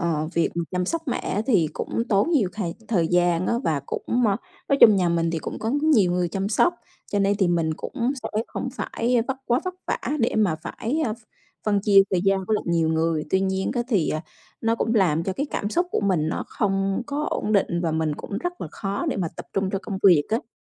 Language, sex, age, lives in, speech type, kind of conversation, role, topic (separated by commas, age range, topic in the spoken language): Vietnamese, female, 35-39, Vietnam, conversation, podcast, guest, Bạn giữ cân bằng giữa công việc và cuộc sống như thế nào?